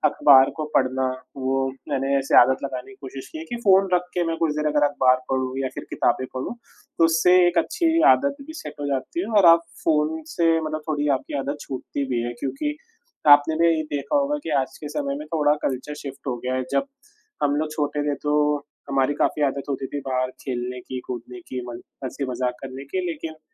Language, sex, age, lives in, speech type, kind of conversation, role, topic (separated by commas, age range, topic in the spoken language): Hindi, male, 25-29, India, conversation, unstructured, none, आप अपने जीवन में स्क्रीन समय को कैसे संतुलित करते हैं?